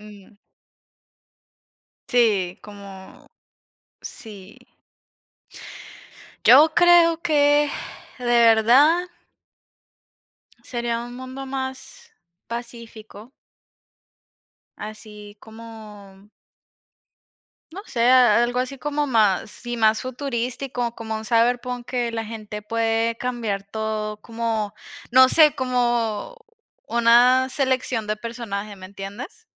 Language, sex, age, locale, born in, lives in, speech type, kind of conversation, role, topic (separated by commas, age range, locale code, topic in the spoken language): Spanish, female, 20-24, es-CO, Colombia, United States, conversation, unstructured, none, ¿Si pudieras viajar en el tiempo por un día, elegirías ir al pasado o al futuro?